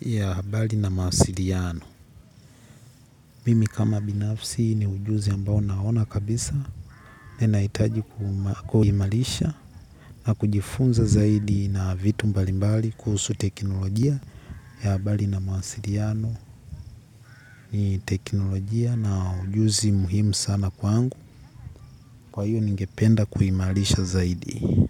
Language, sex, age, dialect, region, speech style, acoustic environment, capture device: Swahili, male, 18-24, Tanzania - Dar es Salaam, Tanzania, excited; focused, nature, mobile / Linux / Chrome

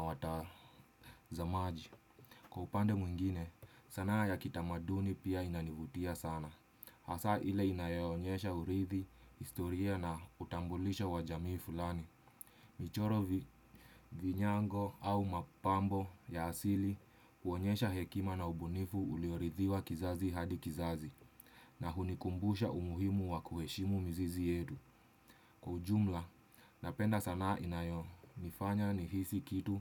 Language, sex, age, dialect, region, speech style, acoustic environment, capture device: Swahili, male, 25-34, Kenya - Nairobi Sheng-influenced, Kenya, stressed, silence, desktop / Windows / Chrome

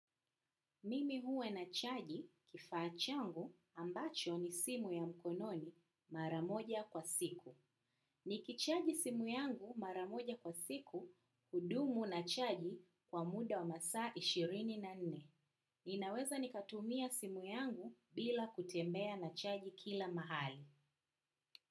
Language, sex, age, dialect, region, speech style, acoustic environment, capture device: Swahili, female, 25-34, Kenya - Nairobi Sheng-influenced, Kenya, happy; focused; relaxed, silence, desktop / macOS / Chrome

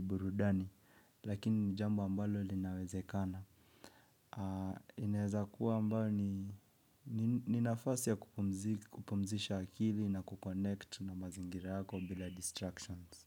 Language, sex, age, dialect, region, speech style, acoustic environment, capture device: Swahili, male, 25-34, Kenya - Nairobi Sheng-influenced, Kenya, relaxed, silence, mobile / Linux / Chrome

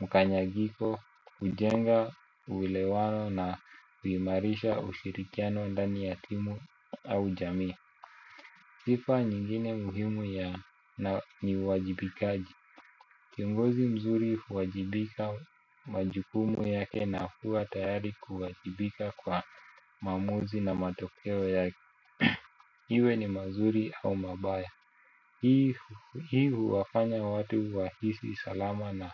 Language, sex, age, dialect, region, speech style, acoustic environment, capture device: Swahili, male, 25-34, Kenya - Nairobi Sheng-influenced, Kenya, anxious; focused, music, desktop / Windows / Chrome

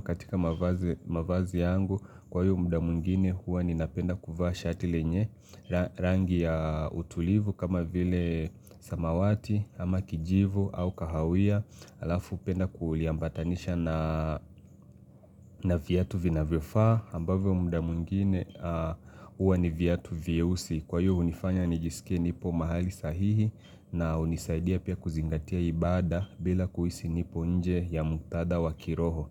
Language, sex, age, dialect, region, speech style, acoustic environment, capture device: Swahili, male, 25-34, Kenya - Mombasa, Kenya, relaxed, appliances; silence, mobile / Linux / Chrome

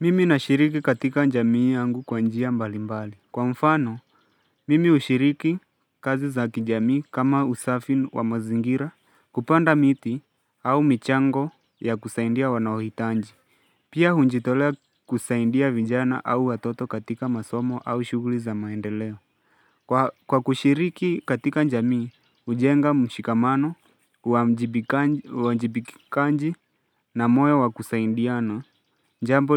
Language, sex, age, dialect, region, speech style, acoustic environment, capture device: Swahili, male, 18-24, Kenya - Mombasa, Kenya, focused, nature, mobile / Linux / Chrome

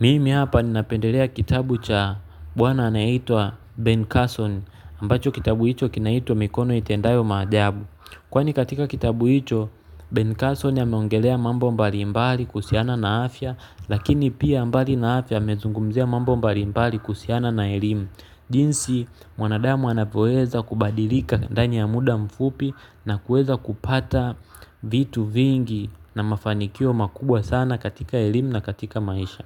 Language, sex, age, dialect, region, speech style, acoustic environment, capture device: Swahili, male, 25-34, Tanzania - Dar es Salaam, Tanzania, focused, nature, mobile / Linux / Chrome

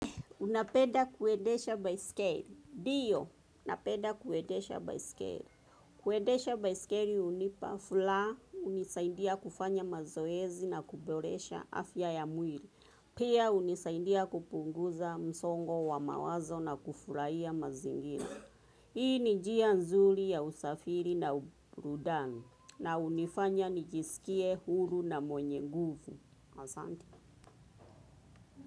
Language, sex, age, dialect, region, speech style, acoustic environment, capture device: Swahili, female, 25-34, Kenya - Nairobi Sheng-influenced, Kenya, focused; relaxed, nature; traffic, desktop / Windows / Chrome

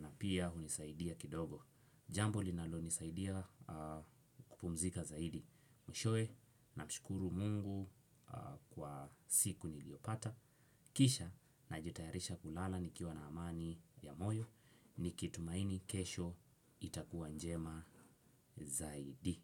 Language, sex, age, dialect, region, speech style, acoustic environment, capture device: Swahili, male, 18-24, Kenya - Mombasa, Kenya, excited, silence, desktop / Windows / Chrome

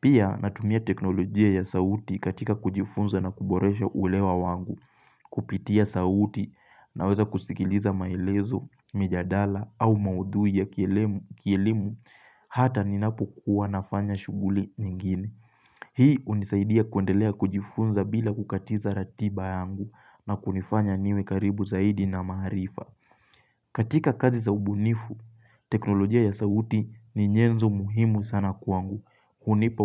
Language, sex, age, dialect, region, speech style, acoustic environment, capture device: Swahili, male, 18-24, Kenya - Mombasa, Kenya, focused, silence, mobile / Linux / Chrome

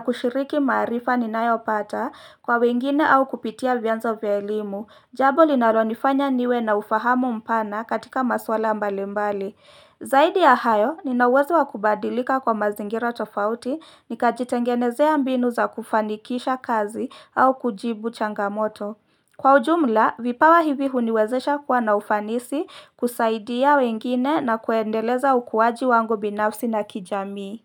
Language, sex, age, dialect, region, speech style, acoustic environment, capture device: Swahili, female, 25-34, Kenya - Nairobi Sheng-influenced, Kenya, excited, silence, mobile / Linux / Chrome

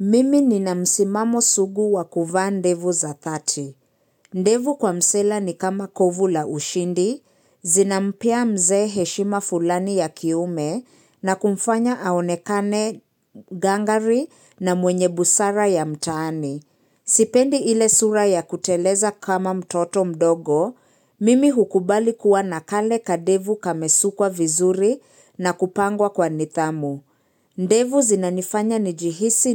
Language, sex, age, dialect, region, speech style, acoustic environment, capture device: Swahili, female, 25-34, Kenya - Nairobi Sheng-influenced, Kenya, focused, silence, mobile / Linux / Chrome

